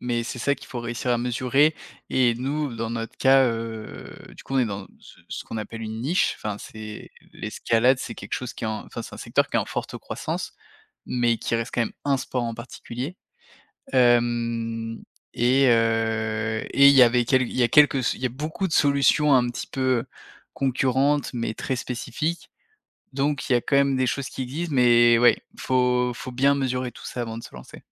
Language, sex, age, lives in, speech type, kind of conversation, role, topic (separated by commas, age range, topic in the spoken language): French, male, 30-34, France, conversation, podcast, guest, Comment débuter un projet sans investir beaucoup d’argent ?